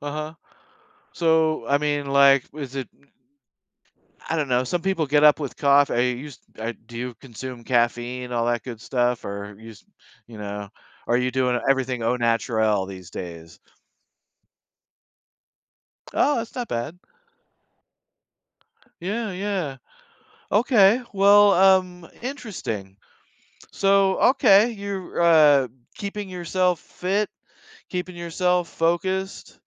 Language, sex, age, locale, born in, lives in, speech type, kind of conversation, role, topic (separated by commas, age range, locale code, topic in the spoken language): English, male, 60-64, en-US, United States, United States, conversation, podcast, host, How have childhood games shaped your memories and relationships?